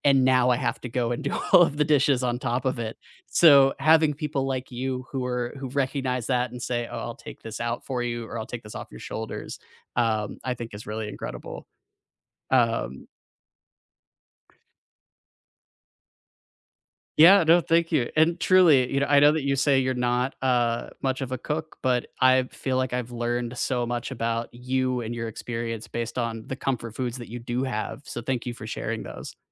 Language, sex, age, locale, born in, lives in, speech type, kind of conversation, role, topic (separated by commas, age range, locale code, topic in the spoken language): English, male, 25-29, en-US, United States, United States, conversation, unstructured, none, Which comfort foods warm your heart, and what memories or meanings make them special?